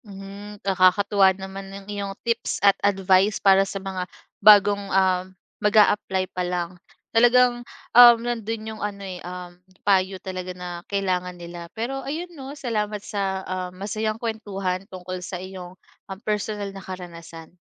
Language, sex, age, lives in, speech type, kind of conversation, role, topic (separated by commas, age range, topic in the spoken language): Filipino, female, 25-29, Philippines, conversation, podcast, host, Ano ang papel ng iyong reputasyon sa internet sa paghahanap mo ng trabaho?